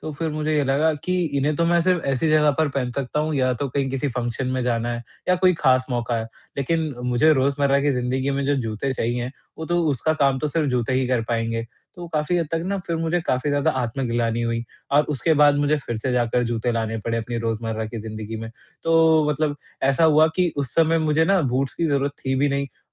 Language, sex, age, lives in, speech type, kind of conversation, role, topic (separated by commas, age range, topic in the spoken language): Hindi, male, 45-49, India, conversation, advice, user, मैं कैसे पहचानूँ कि क्या ज़रूरी है और क्या सिर्फ़ चाहत है?